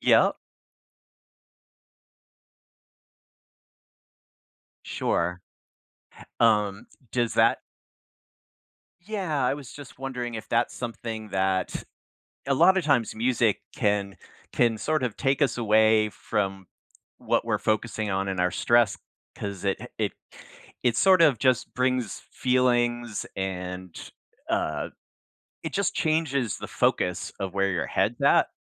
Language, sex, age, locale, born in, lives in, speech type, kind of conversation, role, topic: English, male, 60-64, en-US, United States, United States, conversation, advice, advisor, How can I balance my work and personal life so I don't feel overwhelmed?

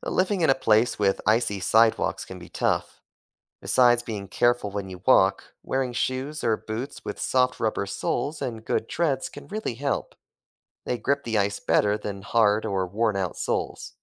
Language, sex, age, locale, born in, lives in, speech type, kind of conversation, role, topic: English, male, 25-29, en-US, United States, United States, read, expert-assistant, expert, What makes ice slippery?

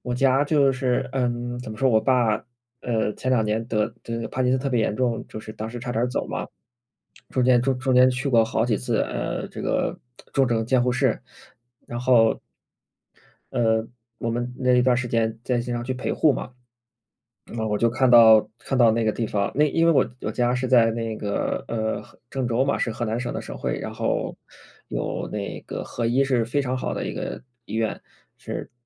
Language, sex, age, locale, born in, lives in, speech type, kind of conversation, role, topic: Chinese, male, 30-34, zh-CN, China, Germany, conversation, unstructured, none, 你觉得为什么互相帮助会让人开心？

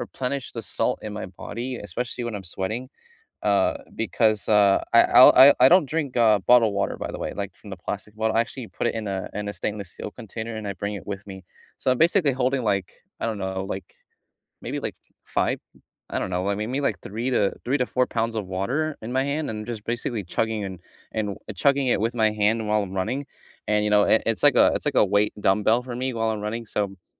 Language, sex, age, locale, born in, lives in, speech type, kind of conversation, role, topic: English, male, 20-24, en-US, United States, United States, conversation, unstructured, none, Which small daily habit has noticeably improved your life, and what's the story behind it?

